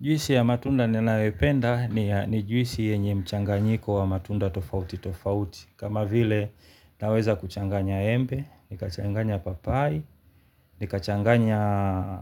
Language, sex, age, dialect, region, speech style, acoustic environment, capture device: Swahili, male, 35-44, Tanzania - Dar es Salaam, Tanzania, happy; relaxed; focused, silence, mobile / Linux / Chrome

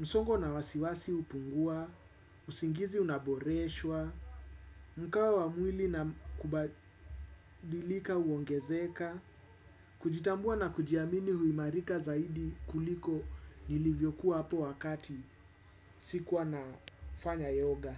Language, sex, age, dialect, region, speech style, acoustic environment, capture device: Swahili, male, 35-44, Kenya - Nairobi Sheng-influenced, Kenya, focused, appliances, desktop / Windows / Chrome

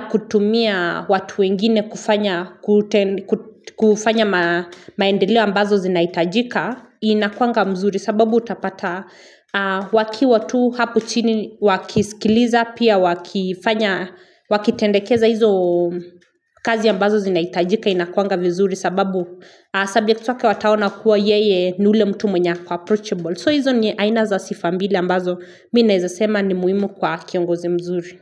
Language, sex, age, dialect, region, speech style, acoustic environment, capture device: Swahili, female, 25-34, Kenya - Nairobi Sheng-influenced, Kenya, happy; relaxed; focused, nature; people talking, mobile / Linux / Chrome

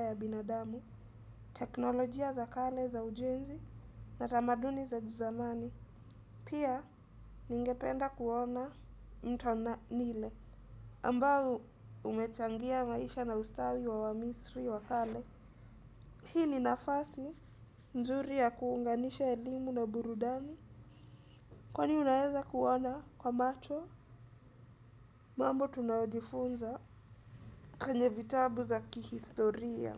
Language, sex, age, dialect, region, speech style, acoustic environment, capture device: Swahili, female, 18-24, Kenya - Mombasa, Kenya, happy, silence, desktop / Windows / Edge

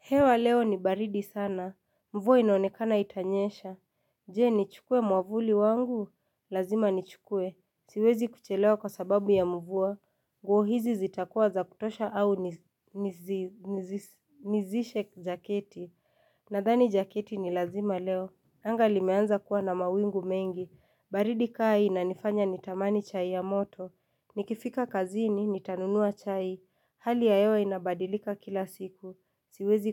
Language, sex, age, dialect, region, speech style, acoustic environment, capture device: Swahili, female, 25-34, Kenya - Nairobi Sheng-influenced, Kenya, relaxed, silence, mobile / Linux / Chrome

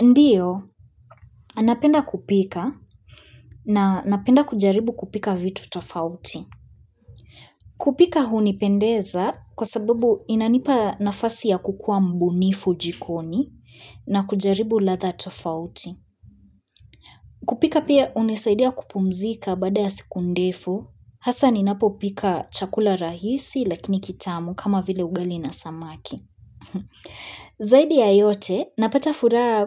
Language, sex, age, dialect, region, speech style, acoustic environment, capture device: Swahili, female, 25-34, Kenya - Nairobi Sheng-influenced, Kenya, focused; relaxed, appliances; people talking, mobile / Linux / Chrome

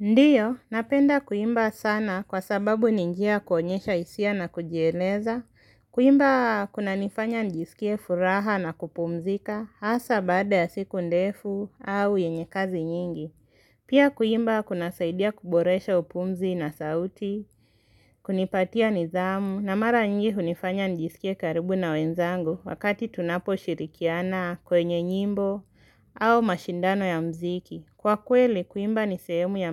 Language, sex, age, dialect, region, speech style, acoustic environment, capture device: Swahili, female, 18-24, Kenya - Nairobi Sheng-influenced, Kenya, focused, silence, mobile / Linux / Chrome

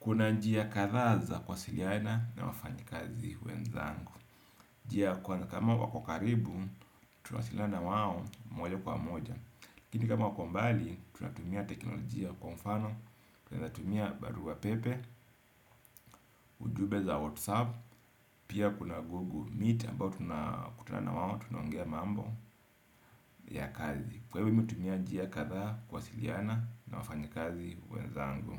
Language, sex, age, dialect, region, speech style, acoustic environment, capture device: Swahili, male, 35-44, Kenya - Mombasa, Kenya, happy, people talking, desktop / Windows / Edge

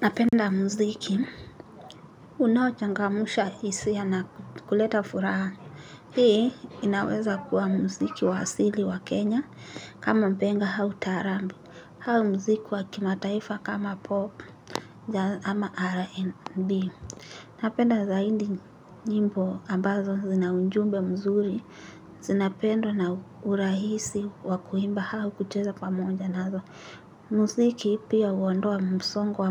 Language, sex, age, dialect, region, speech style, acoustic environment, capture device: Swahili, female, 18-24, Kenya - Nairobi Sheng-influenced, Kenya, nervous, people talking; nature, mobile / Linux / Chrome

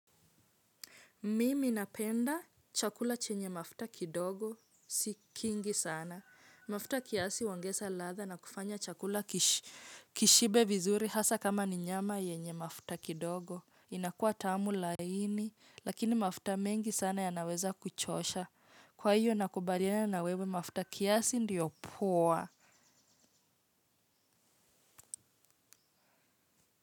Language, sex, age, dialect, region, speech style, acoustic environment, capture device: Swahili, female, 25-34, Kenya - Nairobi Sheng-influenced, Kenya, relaxed, nature; silence, mobile / Linux / Chrome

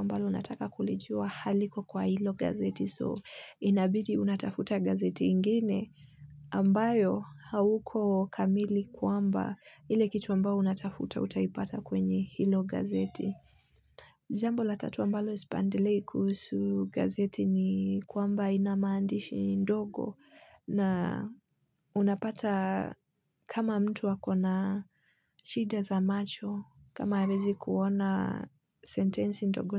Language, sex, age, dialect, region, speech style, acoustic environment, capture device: Swahili, female, 25-34, Kenya - Nairobi Sheng-influenced, Kenya, focused, nature, mobile / Linux / Chrome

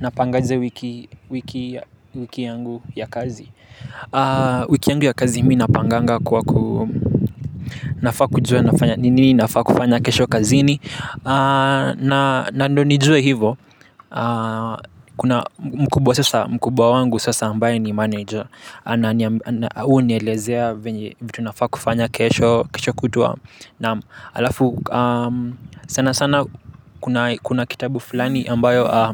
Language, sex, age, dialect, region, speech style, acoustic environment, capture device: Swahili, male, 18-24, Kenya - Nairobi Sheng-influenced, Kenya, happy, nature, mobile / Linux / Chrome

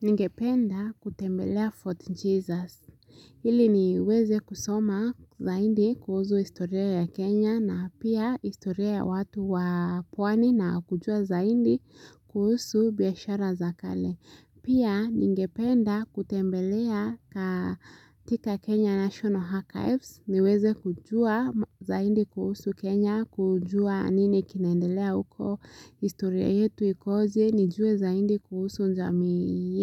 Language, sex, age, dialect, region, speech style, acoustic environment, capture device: Swahili, female, 18-24, Kenya - Nairobi Sheng-influenced, Kenya, relaxed, silence, mobile / Linux / Chrome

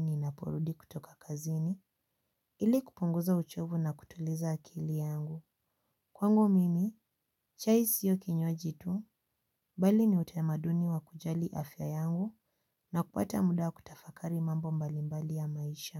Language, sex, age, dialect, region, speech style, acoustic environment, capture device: Swahili, female, 18-24, Kenya - Nairobi Sheng-influenced, Kenya, happy, silence, mobile / Linux / Chrome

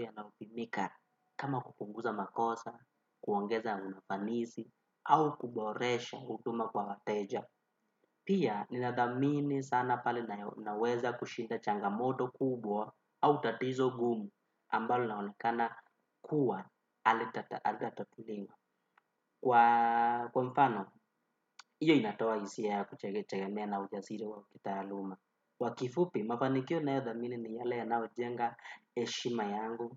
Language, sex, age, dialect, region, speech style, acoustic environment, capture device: Swahili, male, 18-24, Kenya - Nairobi Sheng-influenced, Kenya, happy, people talking, desktop / Windows / Chrome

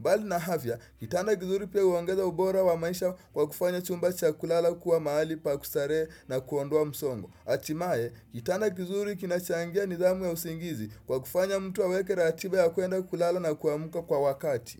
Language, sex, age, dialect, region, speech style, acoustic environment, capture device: Swahili, male, 18-24, Kenya - Mombasa, Kenya, happy, silence, desktop / Windows / Chrome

